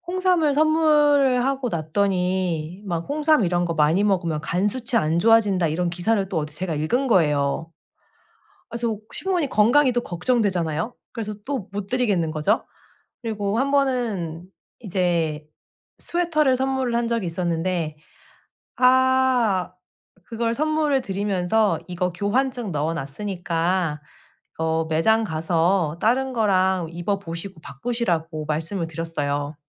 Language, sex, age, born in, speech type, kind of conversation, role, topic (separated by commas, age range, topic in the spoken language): Korean, female, 35-39, South Korea, conversation, advice, user, 선물 고르기가 부담스럽고 아이디어가 없을 때 어떻게 시작하면 좋을까요?